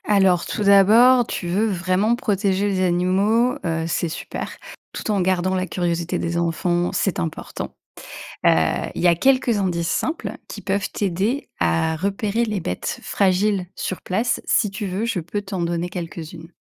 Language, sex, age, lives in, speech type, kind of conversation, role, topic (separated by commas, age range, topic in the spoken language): French, female, 35-39, France, read, expert-assistant, expert, Comment explorer et reconnaître facilement les animaux marins en famille ?